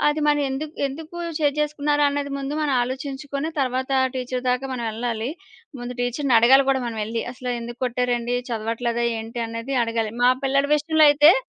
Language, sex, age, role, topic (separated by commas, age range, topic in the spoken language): Telugu, female, 30-34, guest, పిల్లలను పెంచడంలో మీరు పాటించే ప్రధాన సూత్రాలు ఏమిటి?